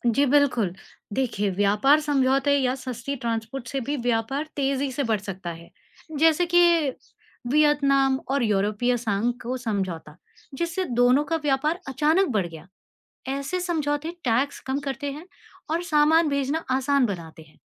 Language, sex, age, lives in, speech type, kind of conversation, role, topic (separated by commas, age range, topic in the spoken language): Hindi, female, 40-44, India, read, expert-assistant, expert, क्या वजह है कि कुछ देश एक-दूसरे से ज्यादा व्यापार करने लगते हैं?